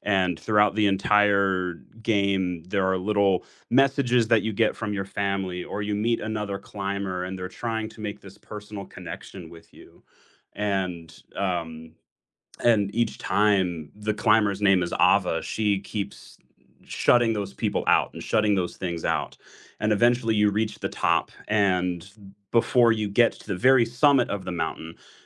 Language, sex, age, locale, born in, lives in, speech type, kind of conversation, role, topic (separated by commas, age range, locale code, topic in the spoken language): English, male, 20-24, en-US, United States, United States, conversation, unstructured, none, What is a movie or book that challenged the way you think?